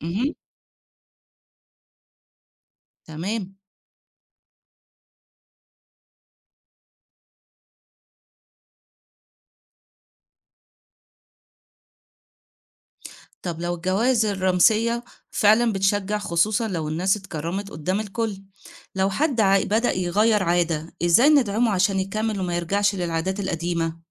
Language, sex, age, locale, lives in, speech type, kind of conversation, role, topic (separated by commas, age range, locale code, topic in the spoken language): Arabic, female, 40-44, ar-EG, Egypt, read, expert-assistant, asker, لو عايزين نوصل نصايح الصحة للناس في المناطق الشعبية، نمشي بإيه نظام أو خطة؟